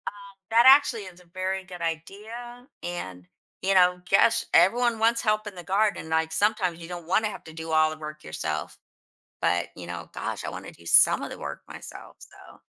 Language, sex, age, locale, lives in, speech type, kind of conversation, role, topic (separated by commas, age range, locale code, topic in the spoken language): English, female, 55-59, en-US, United States, conversation, advice, user, How do I stay motivated and take practical steps to find new job opportunities after losing my job?